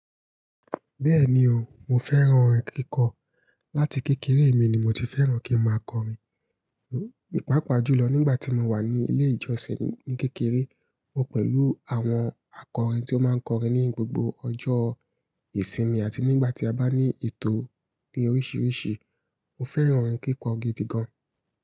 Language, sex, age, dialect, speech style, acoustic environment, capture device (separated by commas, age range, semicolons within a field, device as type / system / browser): Yoruba, female, 25-34, Nigeria - Ibadan, happy, nature, mobile / Linux / Chrome